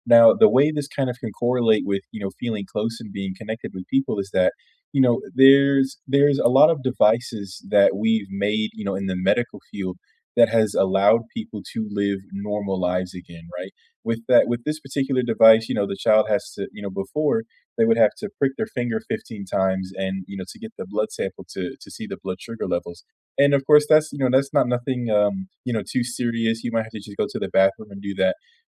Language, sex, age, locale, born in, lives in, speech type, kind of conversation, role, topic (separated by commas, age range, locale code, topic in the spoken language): English, male, 25-29, en-US, United States, United States, conversation, unstructured, none, How do your devices, apps, and online habits help you feel close and stay connected with people?